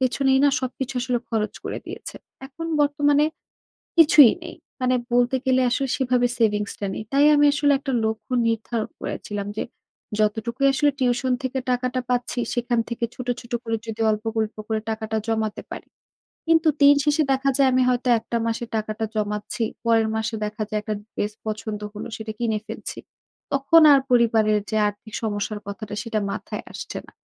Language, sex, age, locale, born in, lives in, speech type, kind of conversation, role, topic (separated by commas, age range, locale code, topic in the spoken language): Bengali, female, 25-29, bn-BD, Bangladesh, Bangladesh, conversation, advice, user, স্পষ্ট আর্থিক লক্ষ্য না থাকায় সঞ্চয় ধরে রাখা কঠিন